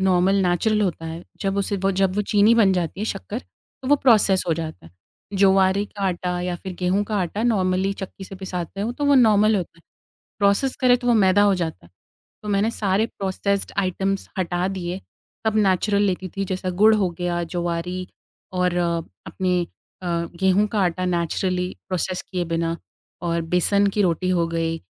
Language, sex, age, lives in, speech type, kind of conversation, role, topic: Hindi, female, 25-29, India, conversation, podcast, guest, Healing mein diet ka kya role hota hai, aur aapka apna anubhav kaisa raha hai?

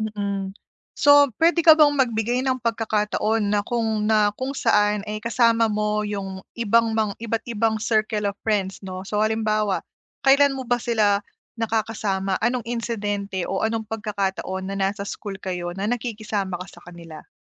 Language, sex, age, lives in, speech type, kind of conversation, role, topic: Filipino, female, 30-34, Philippines, conversation, advice, advisor, Paano ko haharapin ang pakiramdam na naiwan o naiiwan sa grupo?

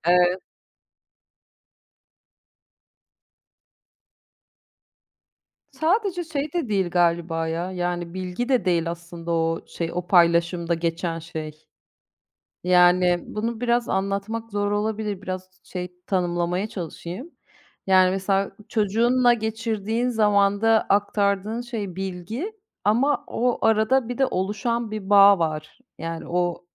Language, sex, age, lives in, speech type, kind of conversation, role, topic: Turkish, female, 40-44, Austria, conversation, unstructured, none, Kültürel kayıplar insanlarda hangi duyguları uyandırır?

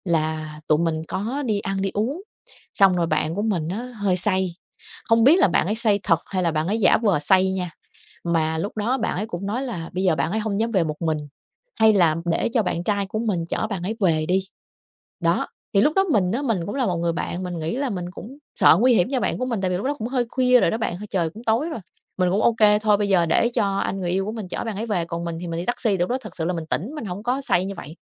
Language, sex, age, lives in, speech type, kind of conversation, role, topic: Vietnamese, female, 30-34, Vietnam, conversation, advice, user, Vì sao tôi cứ lặp lại những mối quan hệ bạn bè độc hại?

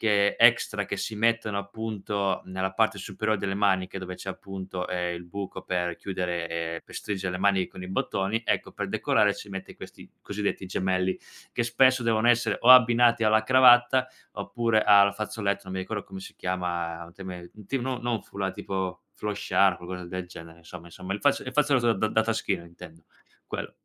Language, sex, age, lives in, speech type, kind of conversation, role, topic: Italian, male, 25-29, Italy, conversation, podcast, guest, Come influisce il tuo background culturale sul modo in cui ti vesti?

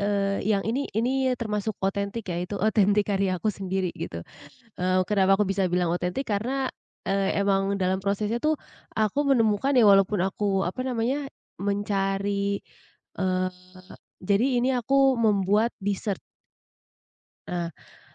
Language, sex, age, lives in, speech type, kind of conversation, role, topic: Indonesian, female, 25-29, Indonesia, conversation, podcast, guest, Bagaimana kamu biasanya menemukan inspirasi untuk berkarya?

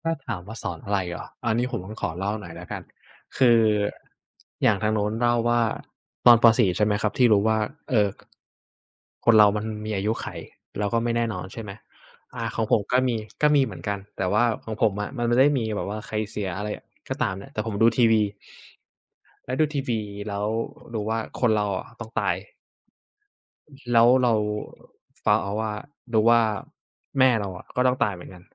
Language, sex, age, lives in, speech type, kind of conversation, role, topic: Thai, male, 20-24, Thailand, conversation, unstructured, none, คุณคิดว่าความตายเปลี่ยนแปลงความสัมพันธ์ของเราอย่างไรบ้าง?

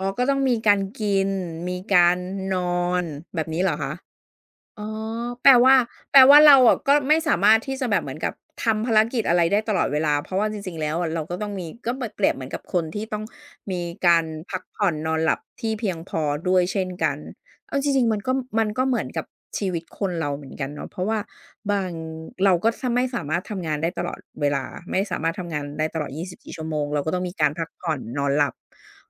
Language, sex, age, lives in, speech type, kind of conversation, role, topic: Thai, female, 40-44, Thailand, conversation, podcast, host, การเล่นเกมช่วยพัฒนาความคิดสร้างสรรค์ได้อย่างไรบ้าง?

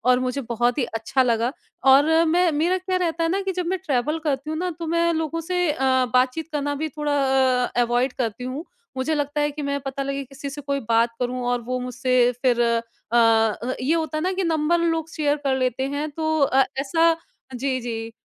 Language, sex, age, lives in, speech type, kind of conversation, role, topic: Hindi, female, 30-34, India, conversation, podcast, guest, Solo travel ne tumhara self-confidence kaise badhaya?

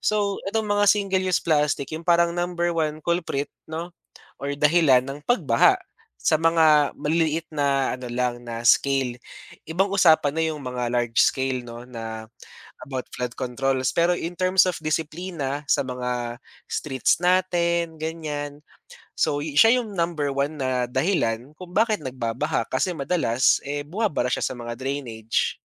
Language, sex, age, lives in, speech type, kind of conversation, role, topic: Filipino, male, 25-29, Philippines, conversation, podcast, guest, Bakit natin dapat iwasan ang plastik na isang beses lang ginagamit?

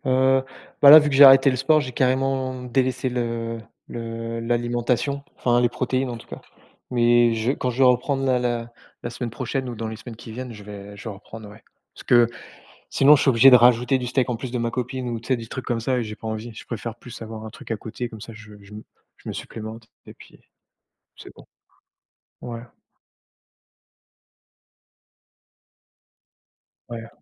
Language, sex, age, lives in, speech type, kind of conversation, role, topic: French, male, 30-34, France, conversation, unstructured, none, Comment les applications de fitness ont-elles transformé votre routine sportive ?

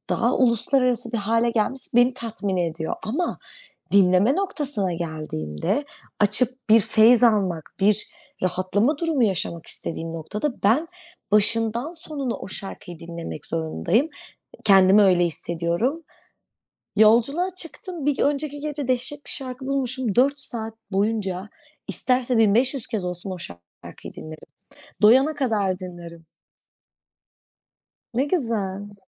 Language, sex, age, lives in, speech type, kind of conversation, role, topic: Turkish, female, 25-29, Netherlands, conversation, unstructured, none, Müzik dinlemek sizi nasıl etkiler?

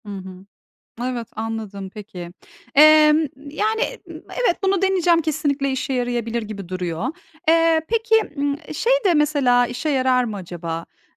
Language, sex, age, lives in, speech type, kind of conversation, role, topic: Turkish, female, 40-44, Netherlands, conversation, advice, user, Sosyal medyada kendini başkalarıyla kıyasladığında hayatın sana anlamsız geliyor mu?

